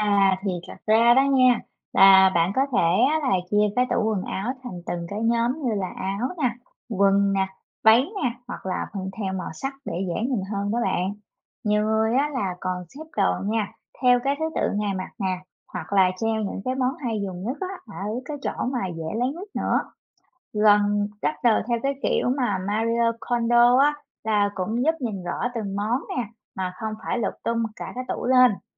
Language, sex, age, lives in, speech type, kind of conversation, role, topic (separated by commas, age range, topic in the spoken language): Vietnamese, female, 30-34, United States, read, expert-assistant, expert, Làm thế nào để sắp xếp tủ quần áo nhỏ gọn, dễ tìm và tiết kiệm thời gian mỗi sáng?